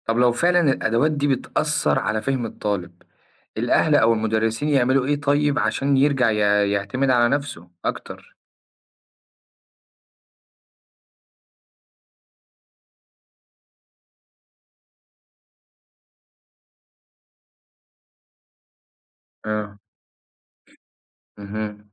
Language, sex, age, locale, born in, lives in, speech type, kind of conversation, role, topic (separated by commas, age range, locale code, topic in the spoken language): Arabic, male, 25-29, ar-EG, Egypt, Egypt, read, expert-assistant, asker, فيه كلام كتير عن الذكاء الاصطناعي في التعليم، بس هل فعلاً الأدوات دي بتساعد الطلبة يتعلموا أحسن ولا فيه مبالغة في تأثيرها؟